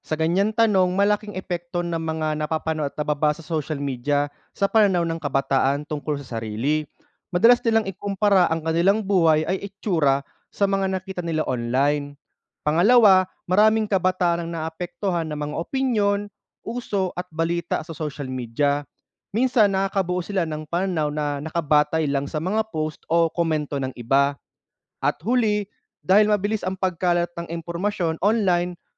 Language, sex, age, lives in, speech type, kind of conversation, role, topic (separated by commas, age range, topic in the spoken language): Filipino, male, 30-34, Philippines, read, expert-assistant, expert, Ano ang epekto ng maagang paggamit ng social media sa pag-uugali ng kabataan?